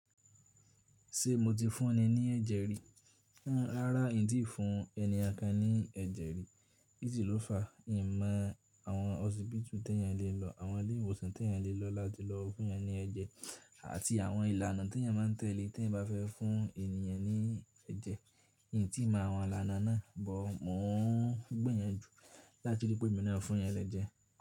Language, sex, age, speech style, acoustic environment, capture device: Yoruba, male, 18-24, stressed, silence; appliances, mobile / Linux / Chrome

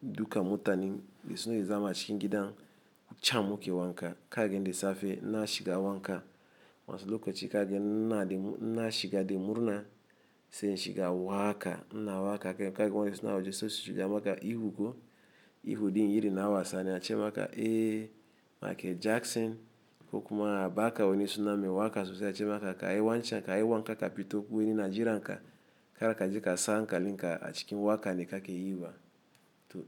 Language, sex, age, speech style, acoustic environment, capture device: Hausa, male, 35-44, happy, traffic, mobile / Linux / Chrome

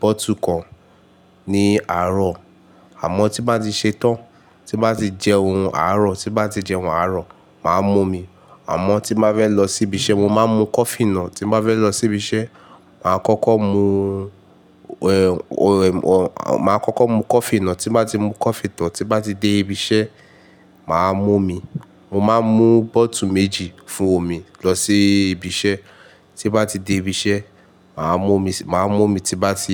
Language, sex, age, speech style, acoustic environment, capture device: Yoruba, male, 18-24, relaxed, silence, mobile / Linux / Chrome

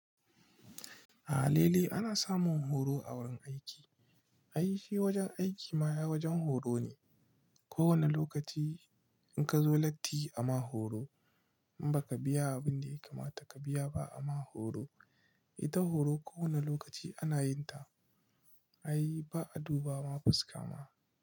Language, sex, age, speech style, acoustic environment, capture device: Hausa, male, 25-34, relaxed; excited; happy, silence; nature, mobile / Linux / Chrome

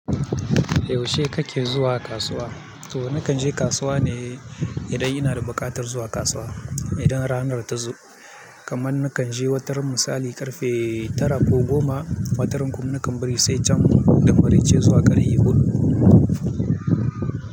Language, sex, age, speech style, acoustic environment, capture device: Hausa, male, 25-34, focused, people talking; music; nature; traffic, mobile / Linux / Chrome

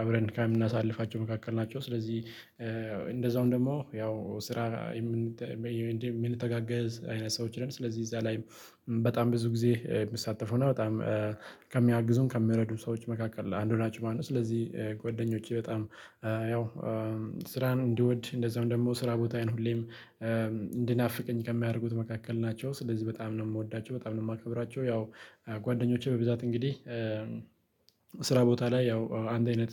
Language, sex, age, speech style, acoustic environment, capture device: Amharic, male, 25-34, happy; relaxed; focused; excited, silence, mobile / Linux / Chrome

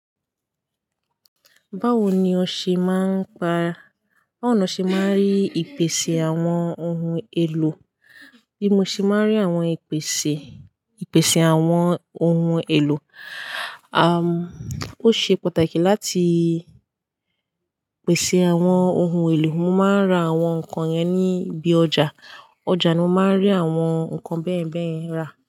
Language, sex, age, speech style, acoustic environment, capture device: Yoruba, female, 18-24, happy, people talking, desktop / Linux / Chrome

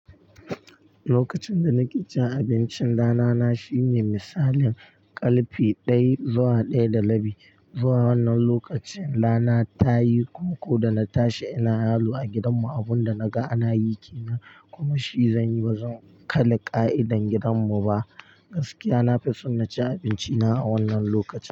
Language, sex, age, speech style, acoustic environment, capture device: Hausa, male, 18-24, focused; tired, silence, mobile / Linux / Chrome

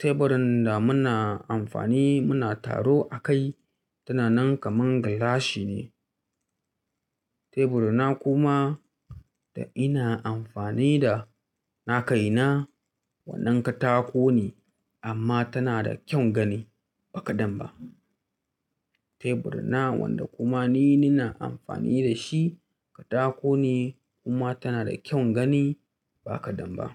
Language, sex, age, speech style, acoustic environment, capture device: Hausa, male, 25-34, relaxed, silence, mobile / Linux / Chrome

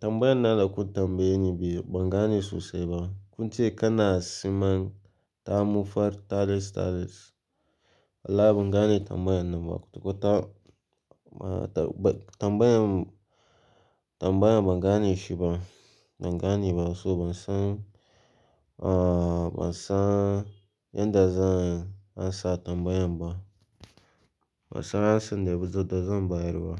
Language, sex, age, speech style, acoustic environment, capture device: Hausa, male, 18-24, relaxed; focused, silence, mobile / Linux / Chrome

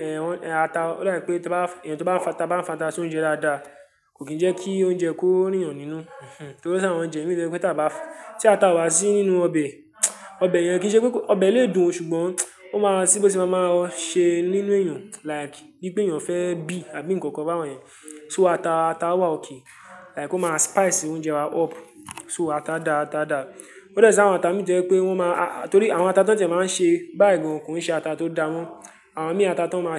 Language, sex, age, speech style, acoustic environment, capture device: Yoruba, male, 18-24, excited, silence, mobile / Linux / Chrome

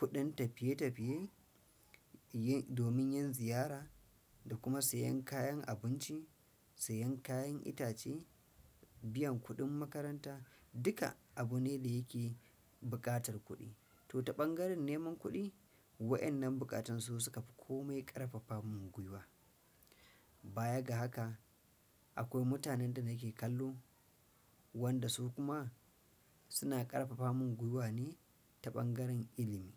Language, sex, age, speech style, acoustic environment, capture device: Hausa, male, 35-44, stressed; focused; anxious; happy; relaxed; nervous; excited, silence; appliances, desktop / Windows / Chrome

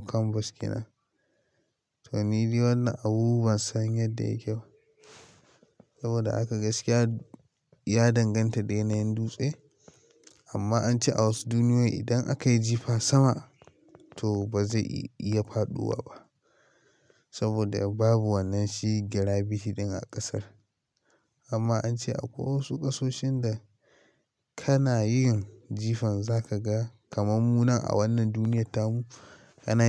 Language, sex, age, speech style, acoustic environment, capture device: Hausa, male, 18-24, focused; excited; nervous, nature, mobile / Linux / Chrome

